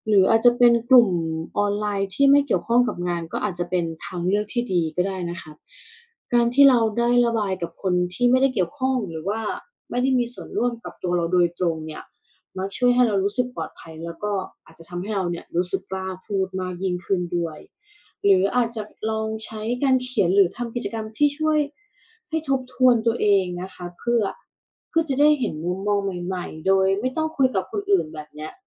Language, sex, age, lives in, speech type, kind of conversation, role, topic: Thai, female, 35-39, Thailand, read, expert-assistant, expert, อะไรคือสิ่งที่ทำให้บางคนมีแรงจูงใจในการทำงาน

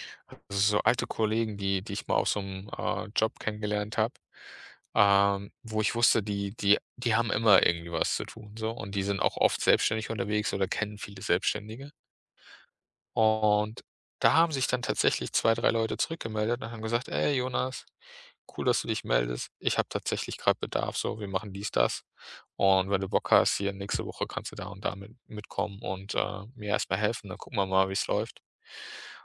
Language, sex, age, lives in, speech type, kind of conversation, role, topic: German, male, 30-34, Germany, conversation, podcast, guest, Welches Risiko hast du einmal bewusst in Kauf genommen – und wie hat es dich vorangebracht?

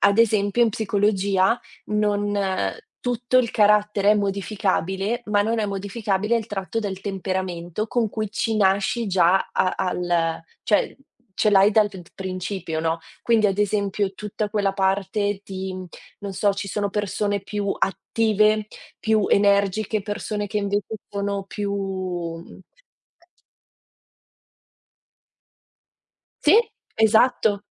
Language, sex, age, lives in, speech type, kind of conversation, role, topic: Italian, female, 30-34, Italy, conversation, unstructured, none, Credi che le persone possano davvero cambiare?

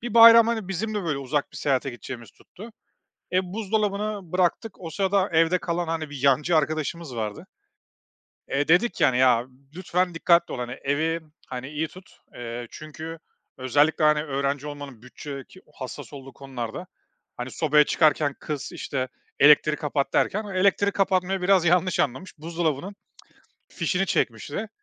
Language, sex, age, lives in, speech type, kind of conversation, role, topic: Turkish, male, 35-39, Estonia, conversation, podcast, guest, Kalan yemekleri paylaşmak için pratik fikirlerin var mı?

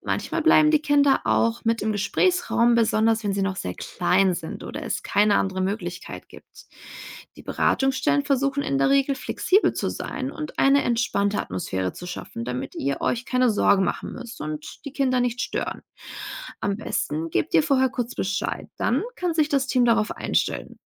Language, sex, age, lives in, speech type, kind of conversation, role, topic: German, female, 60-64, Germany, read, expert-assistant, expert, Wie findet man als Eltern eine passende Paarberatung?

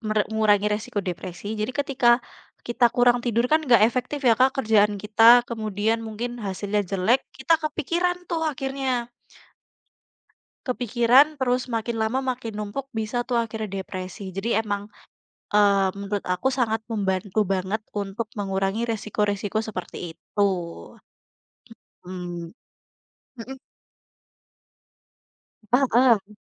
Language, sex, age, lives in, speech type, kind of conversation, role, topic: Indonesian, female, 20-24, Indonesia, conversation, unstructured, none, Apa peran olahraga dalam mengurangi risiko depresi?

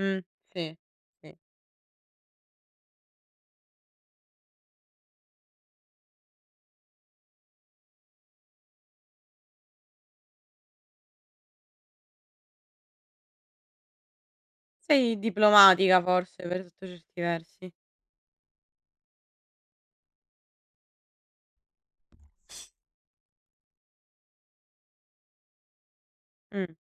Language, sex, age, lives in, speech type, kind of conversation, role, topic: Italian, female, 60-64, Italy, conversation, unstructured, none, Hai mai avuto paura di mostrarti per quello che sei?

